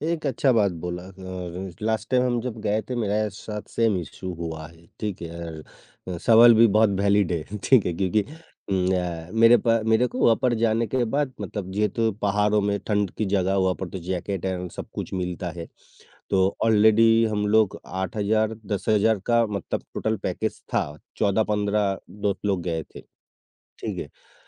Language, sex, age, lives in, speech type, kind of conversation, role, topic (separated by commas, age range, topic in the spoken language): Hindi, male, 55-59, India, conversation, podcast, guest, Budget tight ho, toh style ko naya look dena kahaan se shuru karoge?